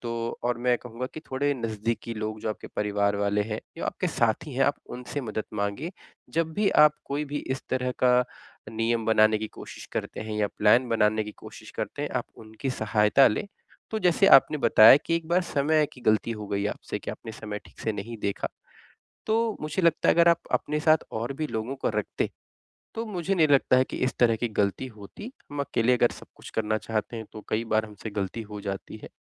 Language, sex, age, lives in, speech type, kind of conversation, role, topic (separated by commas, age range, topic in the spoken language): Hindi, male, 25-29, India, conversation, advice, advisor, सीमित समय में छुट्टी का पूरा आनंद कैसे लिया जाए?